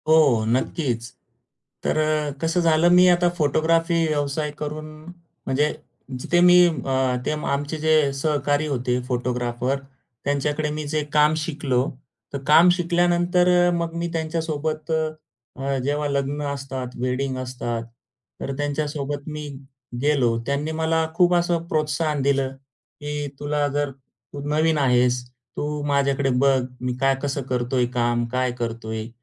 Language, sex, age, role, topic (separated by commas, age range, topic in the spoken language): Marathi, male, 35-39, guest, तुमच्या कामानं तुमची ओळख कशी घडवली आहे?